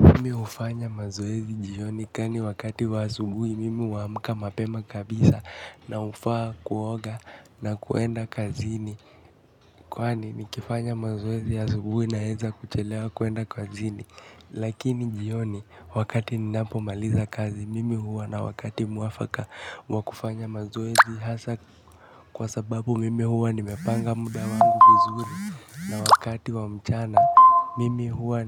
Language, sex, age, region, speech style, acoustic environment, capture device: Swahili, male, 18-24, Kenya, relaxed, nature, mobile / Linux / Chrome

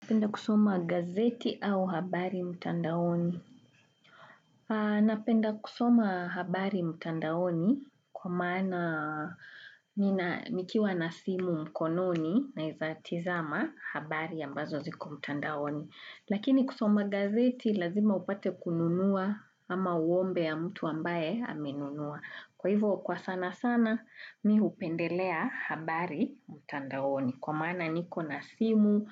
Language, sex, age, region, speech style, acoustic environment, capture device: Swahili, female, 35-44, Kenya, relaxed, music, desktop / Windows / Chrome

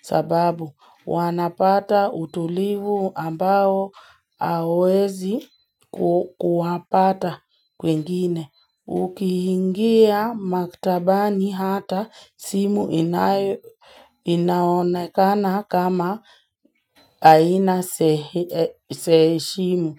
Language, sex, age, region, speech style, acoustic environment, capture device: Swahili, female, 18-24, Kenya, focused, nature, mobile / Linux / Chrome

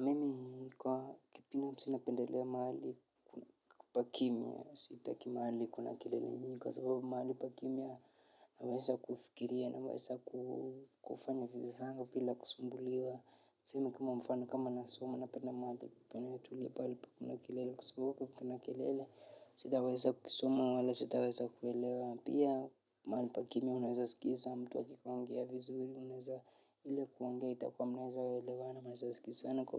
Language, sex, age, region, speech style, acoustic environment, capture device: Swahili, male, 25-34, Kenya, relaxed, nature, mobile / Linux / Chrome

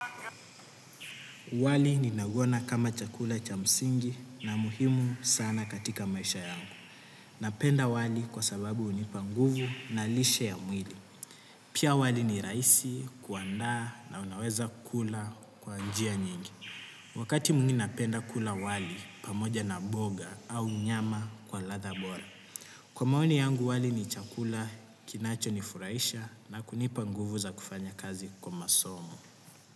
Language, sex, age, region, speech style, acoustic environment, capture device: Swahili, male, 18-24, Kenya, happy; relaxed; focused; excited, nature, desktop / Windows / Chrome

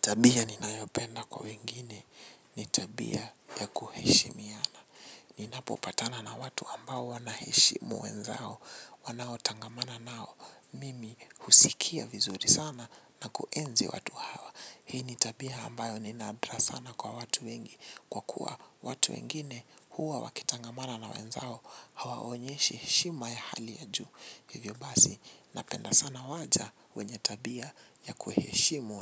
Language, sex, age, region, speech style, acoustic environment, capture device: Swahili, male, 18-24, Kenya, relaxed, silence, mobile / Linux / Chrome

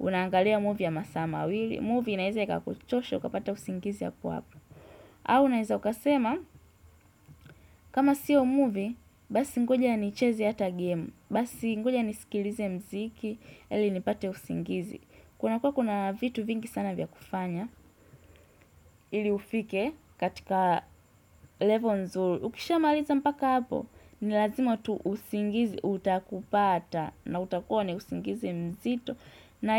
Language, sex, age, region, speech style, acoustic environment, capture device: Swahili, female, 18-24, Tanzania, tired, nature, desktop / Windows / Edge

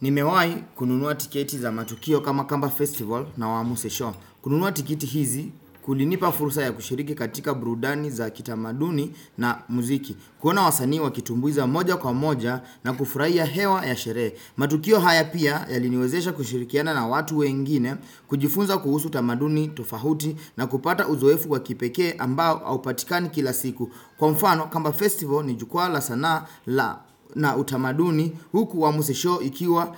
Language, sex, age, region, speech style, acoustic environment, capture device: Swahili, male, 25-34, Kenya, focused; relaxed, silence, desktop / Windows / Chrome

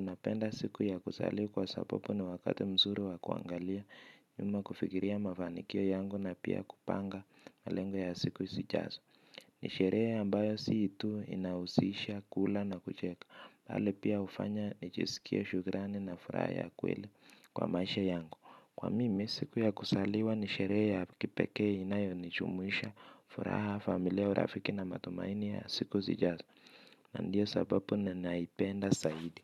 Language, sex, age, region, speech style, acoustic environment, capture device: Swahili, male, 25-34, Kenya, relaxed, silence, mobile / Linux / Chrome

